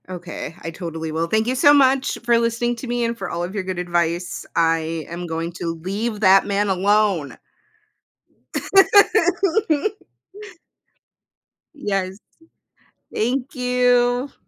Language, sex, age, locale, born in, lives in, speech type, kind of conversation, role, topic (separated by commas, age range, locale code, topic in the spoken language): English, female, 30-34, en-US, United States, United States, conversation, advice, user, How can I improve communication with my partner and reduce misunderstandings?